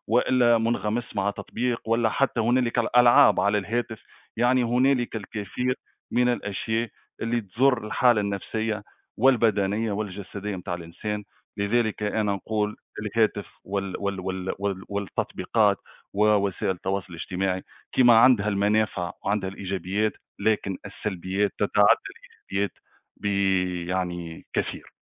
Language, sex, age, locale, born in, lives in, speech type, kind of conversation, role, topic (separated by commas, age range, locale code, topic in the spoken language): Arabic, male, 35-39, ar-TN, Tunisia, Greece, conversation, unstructured, none, إيه رأيك في تأثير وسائل التواصل الاجتماعي على حياتنا اليومية؟